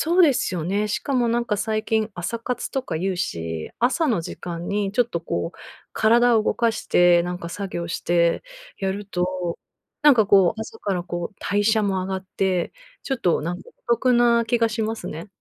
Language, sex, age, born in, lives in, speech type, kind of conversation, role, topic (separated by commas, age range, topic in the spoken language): Japanese, female, 40-44, Japan, United States, conversation, advice, user, 毎日のルーティンでやる気を保つにはどうすればよいですか？